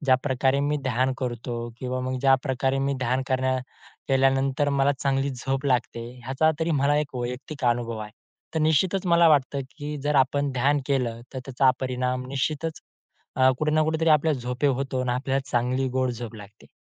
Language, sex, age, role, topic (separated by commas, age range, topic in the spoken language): Marathi, male, 20-24, guest, ध्यान केल्यामुळे तुमची झोप सुधारली आहे हे तुम्ही कसे ओळखता?